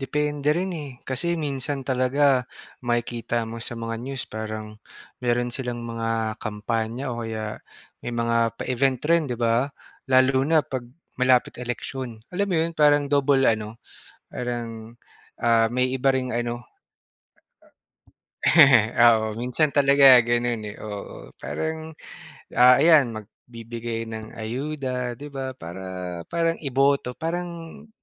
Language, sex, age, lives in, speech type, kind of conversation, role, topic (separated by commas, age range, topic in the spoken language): Filipino, male, 30-34, Philippines, conversation, unstructured, none, Paano mo tinitingnan ang mga kampanyang tumutulong sa mga mahihirap?